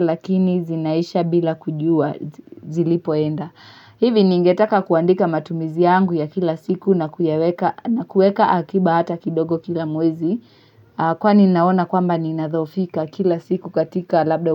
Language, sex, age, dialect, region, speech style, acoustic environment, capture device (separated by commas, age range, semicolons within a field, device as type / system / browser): Swahili, female, 18-24, Kenya - Mombasa, Kenya, relaxed, silence, mobile / Linux / Chrome